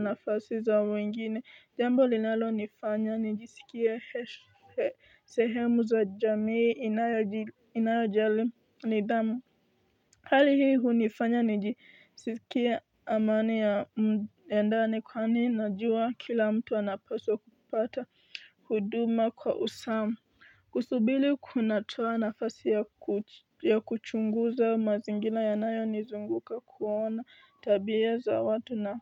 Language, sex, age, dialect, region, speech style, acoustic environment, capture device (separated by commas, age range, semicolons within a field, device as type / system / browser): Swahili, female, 18-24, Kenya - Nairobi Sheng-influenced, Kenya, focused, nature, mobile / Linux / Chrome